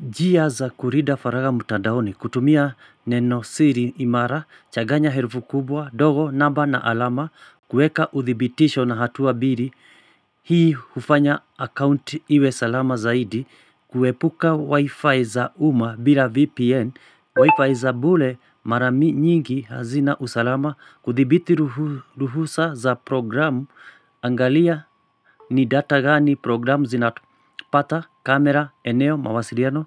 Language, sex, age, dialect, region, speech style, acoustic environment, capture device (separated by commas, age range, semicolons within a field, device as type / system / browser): Swahili, male, 45-54, Kenya - Nairobi Sheng-influenced, Kenya, relaxed, silence, mobile / Linux / Chrome